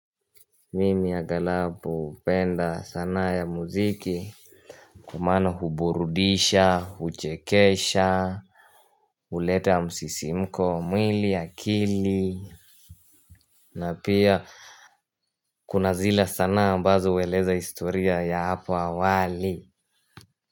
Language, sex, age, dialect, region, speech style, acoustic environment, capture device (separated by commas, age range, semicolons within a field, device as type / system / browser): Swahili, male, 18-24, Kenya - Mombasa, Kenya, happy, nature; silence, mobile / Linux / Chrome